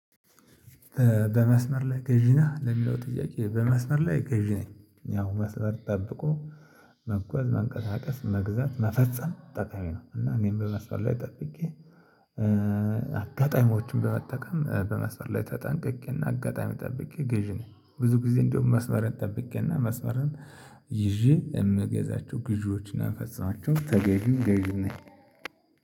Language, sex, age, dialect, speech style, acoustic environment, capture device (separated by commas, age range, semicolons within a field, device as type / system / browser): Amharic, male, 35-44, Ethiopia - Addis Ababa, excited, silence, mobile / Linux / Chrome